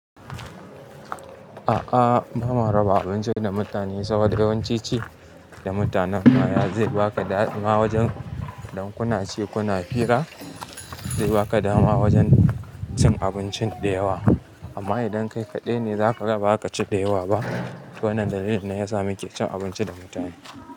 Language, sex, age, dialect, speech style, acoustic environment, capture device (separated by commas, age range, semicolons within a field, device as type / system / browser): Hausa, male, 25-34, Nigeria - Kano, happy, silence, mobile / Linux / Chrome